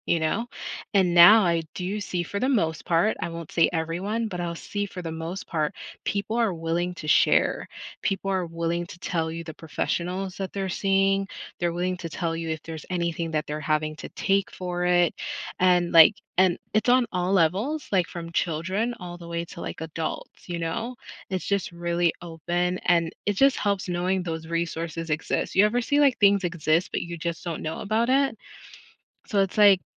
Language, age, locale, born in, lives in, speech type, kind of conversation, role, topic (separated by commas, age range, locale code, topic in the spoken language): English, 35-39, en-US, United States, United States, conversation, unstructured, none, How can we create a more open and supportive conversation about mental health?